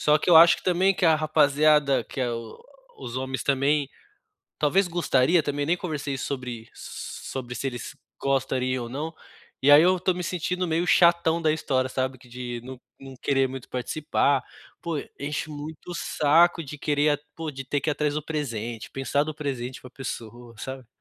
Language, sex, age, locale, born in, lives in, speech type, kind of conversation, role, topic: Portuguese, male, 30-34, pt-BR, Brazil, Germany, conversation, advice, user, Como posso relaxar sem sentir que preciso ser produtivo?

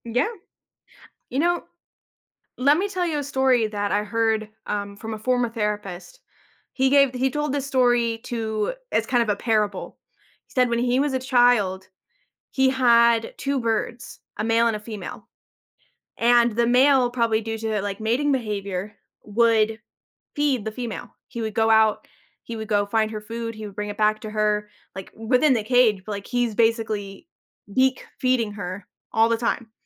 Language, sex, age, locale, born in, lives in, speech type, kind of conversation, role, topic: English, female, 25-29, en-US, United States, United States, conversation, advice, advisor, How can I say no to extra commitments?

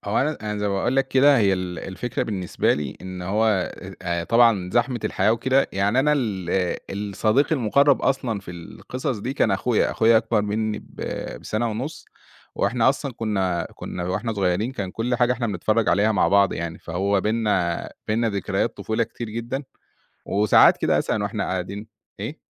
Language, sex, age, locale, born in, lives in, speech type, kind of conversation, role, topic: Arabic, male, 30-34, ar-EG, Egypt, Egypt, conversation, podcast, guest, ليه بترجع تسمع أغاني طفولتك من وقت للتاني؟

